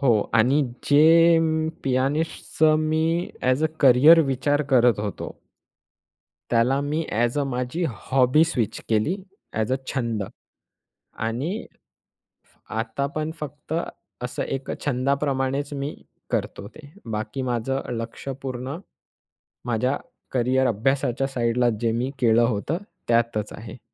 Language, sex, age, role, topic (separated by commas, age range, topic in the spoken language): Marathi, male, 20-24, guest, आई-वडिलांना ‘नाही’ कसे सांगावे?